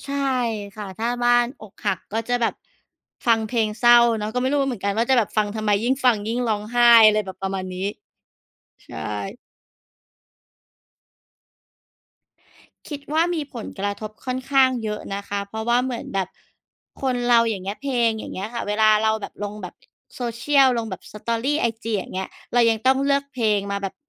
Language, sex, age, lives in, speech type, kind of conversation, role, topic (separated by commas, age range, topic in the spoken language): Thai, female, 35-39, Thailand, conversation, unstructured, none, เพลงในปัจจุบันมีอิทธิพลต่อความคิดของผู้คนหรือไม่?